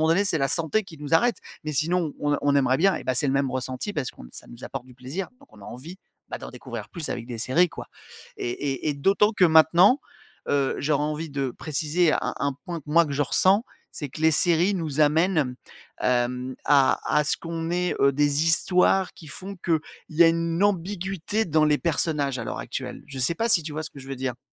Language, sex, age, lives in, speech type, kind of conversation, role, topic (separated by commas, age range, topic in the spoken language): French, male, 45-49, France, conversation, podcast, guest, Qu’est-ce qui fait, selon toi, une bonne série ?